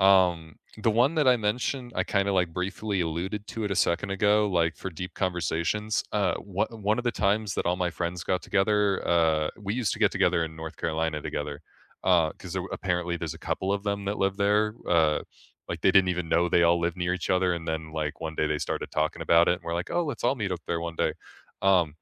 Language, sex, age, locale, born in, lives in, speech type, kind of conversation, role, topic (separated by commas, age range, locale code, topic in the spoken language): English, male, 25-29, en-US, United States, United States, conversation, unstructured, none, Which type of game do you prefer for game night—board games, video games, or party games—and what makes that choice especially fun with friends?